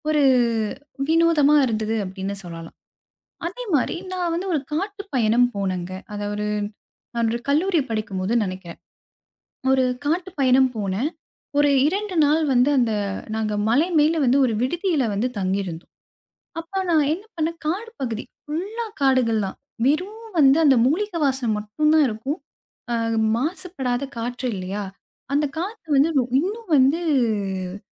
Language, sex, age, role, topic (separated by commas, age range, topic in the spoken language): Tamil, female, 25-29, guest, மழை வாசனை உங்களுக்கு எந்த நினைவுகளை நினைவுபடுத்துகிறது?